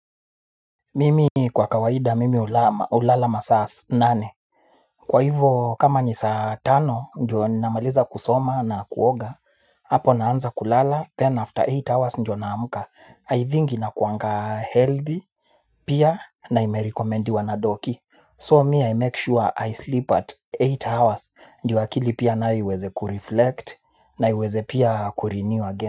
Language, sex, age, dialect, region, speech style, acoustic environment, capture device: Swahili, male, 25-34, Kenya - Nairobi Sheng-influenced, Kenya, focused; excited; nervous, people talking; music; nature, mobile / Linux / Chrome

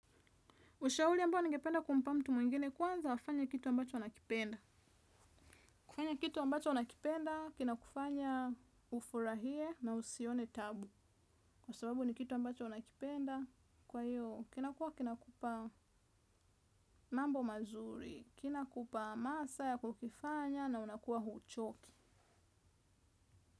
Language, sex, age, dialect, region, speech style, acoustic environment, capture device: Swahili, female, 25-34, Tanzania - Dar es Salaam, Tanzania, relaxed, nature; silence; appliances, desktop / Windows / Chrome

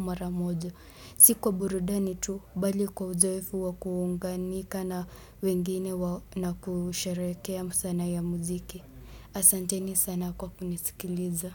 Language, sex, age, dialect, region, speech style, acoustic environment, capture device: Swahili, male, 18-24, Kenya - Nairobi Sheng-influenced, Kenya, relaxed; happy; excited; focused, people talking; nature, desktop / Windows / Chrome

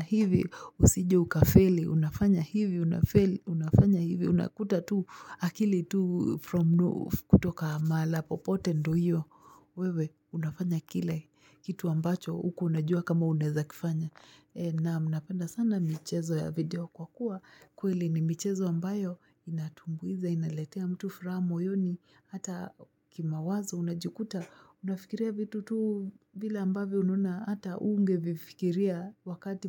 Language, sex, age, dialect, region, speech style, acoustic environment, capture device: Swahili, female, 25-34, Kenya - Nairobi Sheng-influenced, Kenya, happy, nature, mobile / Linux / Chrome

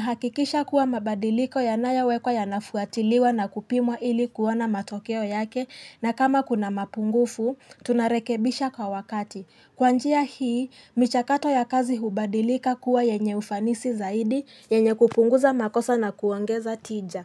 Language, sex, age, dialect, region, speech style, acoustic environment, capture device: Swahili, female, 18-24, Kenya - Nairobi Sheng-influenced, Kenya, relaxed, nature, mobile / Linux / Chrome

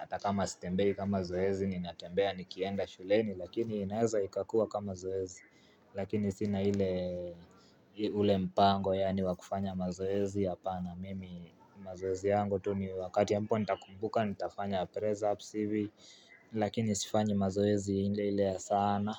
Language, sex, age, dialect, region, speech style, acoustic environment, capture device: Swahili, male, 18-24, Kenya - Nairobi Sheng-influenced, Kenya, relaxed, nature; people talking, mobile / Linux / Chrome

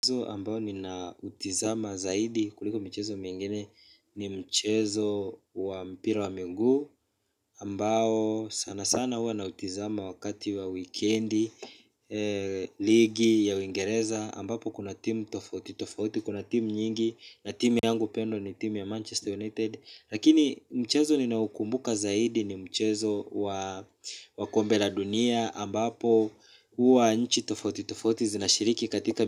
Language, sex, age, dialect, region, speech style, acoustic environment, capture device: Swahili, male, 25-34, Kenya - Mombasa, Kenya, relaxed, people talking, mobile / Linux / Chrome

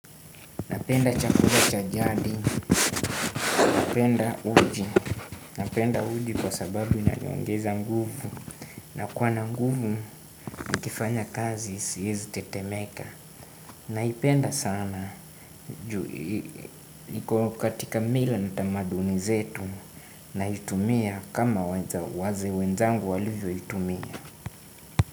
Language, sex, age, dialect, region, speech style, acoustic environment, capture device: Swahili, male, 18-24, Kenya - Nairobi Sheng-influenced, Kenya, relaxed, music, desktop / Windows / Chrome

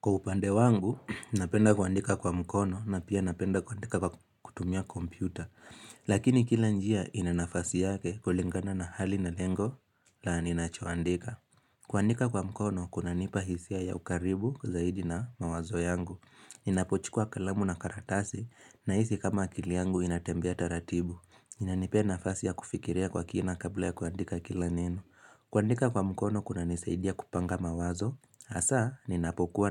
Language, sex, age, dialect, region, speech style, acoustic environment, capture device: Swahili, male, 18-24, Kenya - Nairobi Sheng-influenced, Kenya, focused; relaxed, silence, mobile / Linux / Chrome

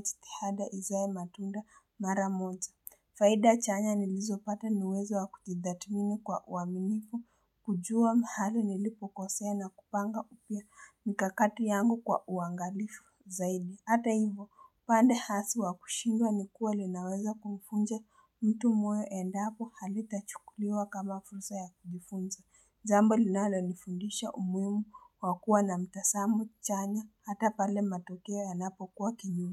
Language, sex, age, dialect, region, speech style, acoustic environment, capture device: Swahili, female, 18-24, Kenya - Nairobi Sheng-influenced, Kenya, focused, people talking, mobile / Linux / Chrome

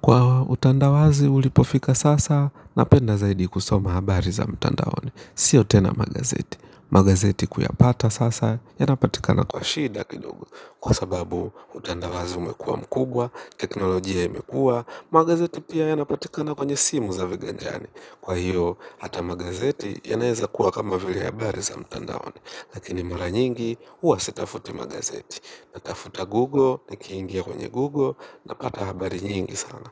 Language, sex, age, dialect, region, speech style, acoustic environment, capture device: Swahili, male, 25-34, Kenya - Nairobi Sheng-influenced, Kenya, relaxed, nature, mobile / Linux / Chrome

